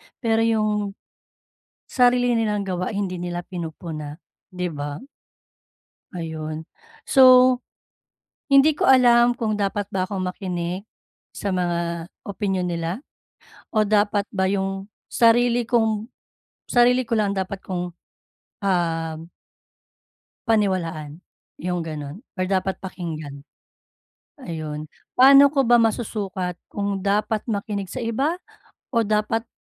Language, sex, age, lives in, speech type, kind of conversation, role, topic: Filipino, female, 35-39, Philippines, conversation, advice, user, Paano mo masusukat kung kailan ka dapat makinig sa opinyon ng iba at kailan ka dapat magtiwala sa sarili mong pananaw?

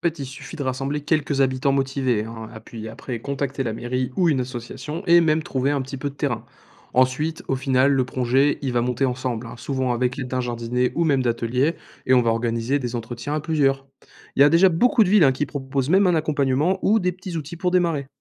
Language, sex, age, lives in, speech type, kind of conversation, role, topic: French, male, 20-24, France, read, expert-assistant, expert, Pourquoi parle-t-on autant d'« équilibre écologique », et qu'est-ce que ça veut dire pour la vie de tous les jours ?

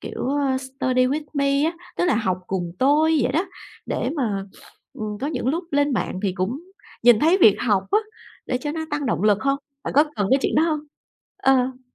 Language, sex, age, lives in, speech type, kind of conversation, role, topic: Vietnamese, female, 40-44, Vietnam, conversation, podcast, host, Làm sao bạn giữ được kiên trì khi không thấy tiến bộ?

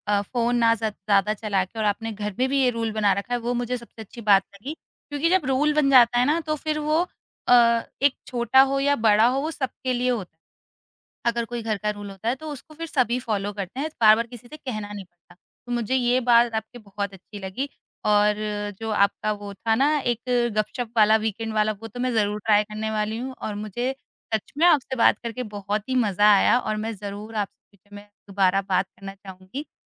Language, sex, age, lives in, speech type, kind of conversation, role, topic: Hindi, female, 30-34, India, conversation, podcast, host, Kya tum aur tumhari family ya dost milkar koi hobby karte ho?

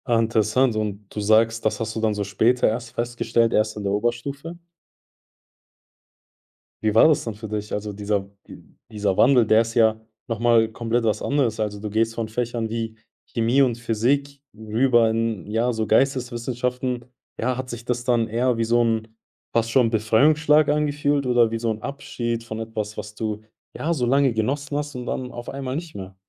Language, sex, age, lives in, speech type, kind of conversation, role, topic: German, male, 20-24, Germany, conversation, podcast, host, Wie wichtig sind Noten für dich?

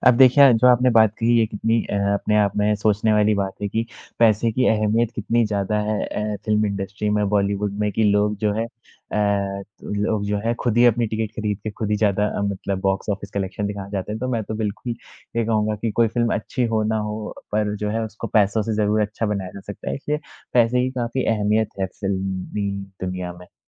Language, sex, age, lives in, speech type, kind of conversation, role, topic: Hindi, male, 20-24, India, conversation, unstructured, none, क्या बॉलीवुड में सबसे ज़्यादा महत्व सिर्फ़ पैसे का ही है?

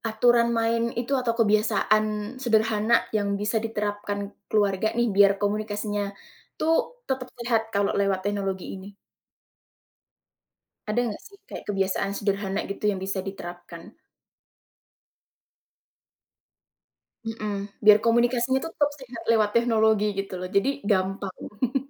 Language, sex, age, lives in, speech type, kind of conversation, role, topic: Indonesian, female, 20-24, Indonesia, conversation, podcast, host, Bagaimana cara menjaga komunikasi yang baik antaranggota keluarga?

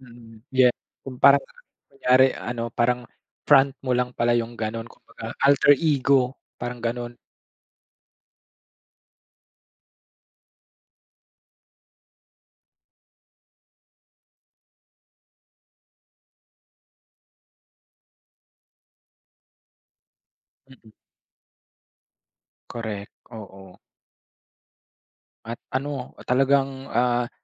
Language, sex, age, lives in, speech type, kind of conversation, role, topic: Filipino, male, 25-29, Philippines, conversation, podcast, host, Ano ang ibig sabihin sa’yo ng pagiging totoo sa sarili?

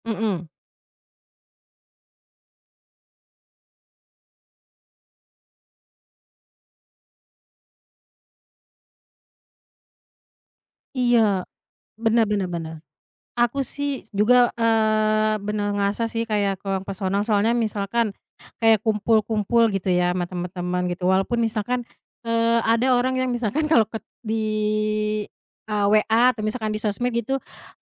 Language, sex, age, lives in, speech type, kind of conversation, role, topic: Indonesian, female, 30-34, Indonesia, conversation, unstructured, none, Menurutmu, bagaimana teknologi memengaruhi cara kita berkomunikasi?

